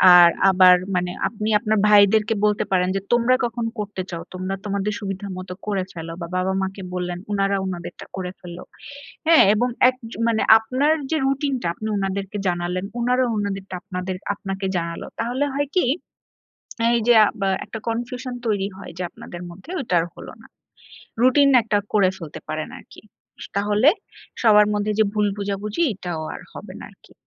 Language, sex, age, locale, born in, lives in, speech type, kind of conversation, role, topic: Bengali, female, 30-34, bn-BD, Bangladesh, Bangladesh, conversation, advice, advisor, গৃহস্থালির কাজ ভাগাভাগি নিয়ে আপনার বাড়িতে কী ধরনের টানাপোড়েন হচ্ছে?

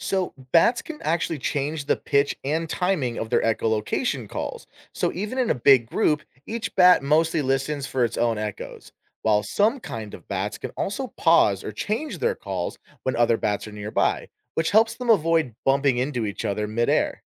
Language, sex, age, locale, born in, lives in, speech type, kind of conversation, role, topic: English, male, 25-29, en-US, United States, United States, read, expert-assistant, expert, How do bats use echolocation to find food in the dark?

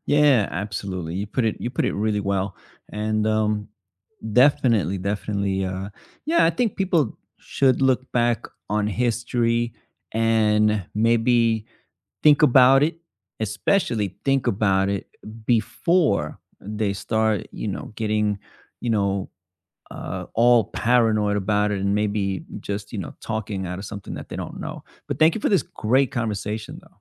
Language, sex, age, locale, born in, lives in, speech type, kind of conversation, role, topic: English, male, 35-39, en-US, United States, United States, conversation, unstructured, none, How does history illustrate the dangers of ignoring warning signs?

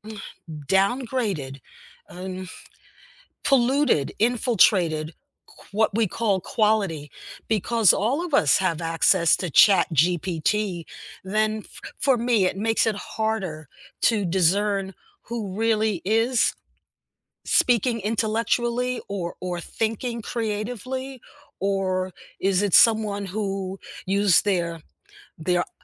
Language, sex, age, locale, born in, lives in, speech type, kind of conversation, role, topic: English, female, 70-74, en-US, United States, United States, conversation, unstructured, none, What is the most useful thing school actually taught you, and how does it show up in your life now?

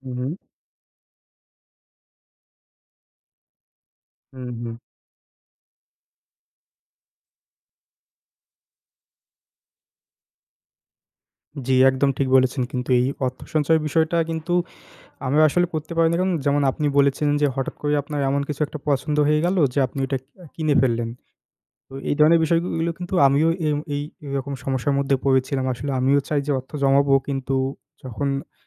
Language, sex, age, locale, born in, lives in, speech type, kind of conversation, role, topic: Bengali, male, 20-24, bn-BD, Bangladesh, Bangladesh, conversation, unstructured, none, অর্থ সঞ্চয় করা কেন গুরুত্বপূর্ণ?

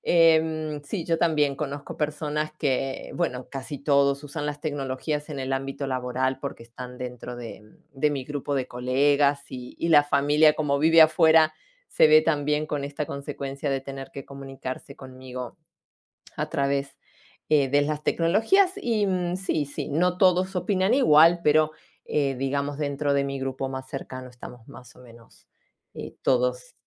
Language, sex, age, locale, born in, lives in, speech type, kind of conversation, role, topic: Spanish, female, 45-49, es-AR, Argentina, Italy, conversation, unstructured, none, ¿Qué tecnología nueva te ha hecho la vida más fácil últimamente?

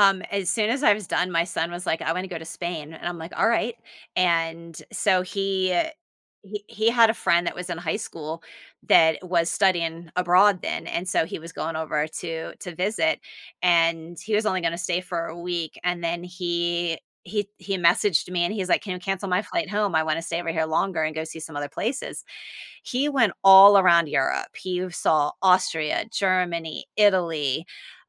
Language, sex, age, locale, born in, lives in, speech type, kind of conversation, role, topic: English, female, 45-49, en-US, United States, United States, conversation, podcast, guest, What hopes and changes do you see for yourself in the next few years?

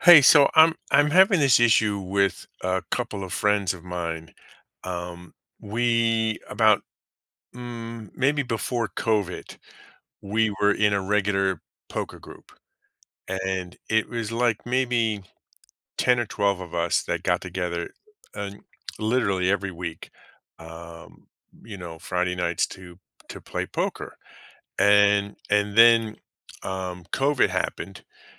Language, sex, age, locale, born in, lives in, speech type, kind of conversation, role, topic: English, male, 50-54, en-US, United States, United States, conversation, advice, user, How can I stop feeling left out at social gatherings?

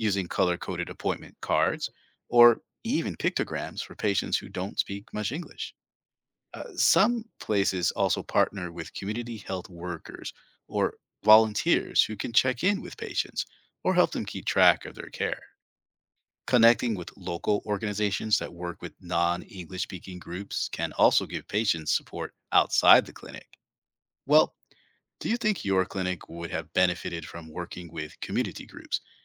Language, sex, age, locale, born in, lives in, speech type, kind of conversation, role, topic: English, male, 45-49, en-US, United States, United States, read, expert-assistant, expert, How do doctors in different specialties coordinate patient care when they face scheduling conflicts or tight time constraints?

